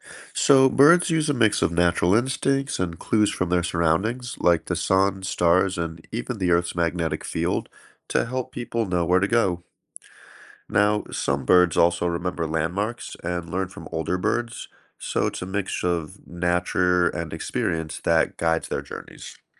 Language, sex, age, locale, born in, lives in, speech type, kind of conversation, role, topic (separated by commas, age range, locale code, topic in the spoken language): English, male, 30-34, en-US, United States, United States, read, expert-assistant, expert, Why do birds migrate long distances?